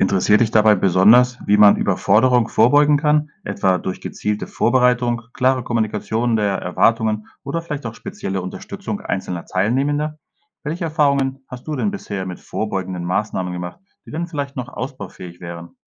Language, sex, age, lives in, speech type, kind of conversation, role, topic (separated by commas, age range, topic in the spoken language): German, male, 45-49, Spain, read, expert-assistant, expert, Wie gestaltet man Unterricht so, dass alle Teilnehmenden aktiv einbezogen werden?